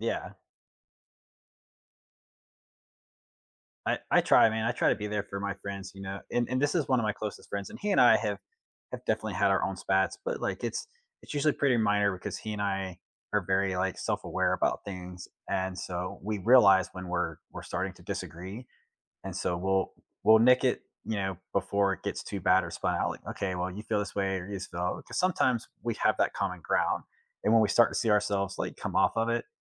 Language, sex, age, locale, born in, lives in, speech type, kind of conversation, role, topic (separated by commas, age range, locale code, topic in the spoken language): English, male, 40-44, en-US, United States, United States, conversation, unstructured, none, When you disagree with someone you care about, how do you repair the relationship and move forward?